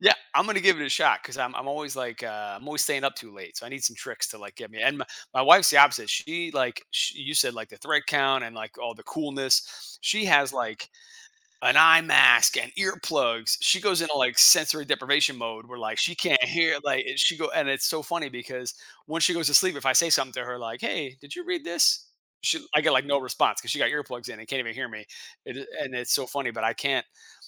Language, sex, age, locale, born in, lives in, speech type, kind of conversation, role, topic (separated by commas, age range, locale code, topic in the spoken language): English, male, 40-44, en-US, United States, United States, conversation, podcast, host, How does sleep affect overall health?